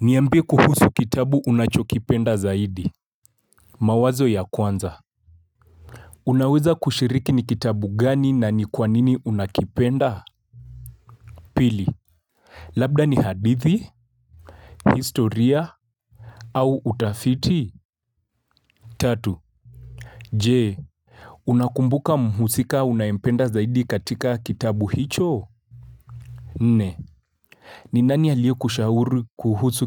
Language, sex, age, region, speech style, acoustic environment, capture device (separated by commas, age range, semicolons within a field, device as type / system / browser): Swahili, male, 25-34, Kenya, focused, silence, mobile / Linux / Chrome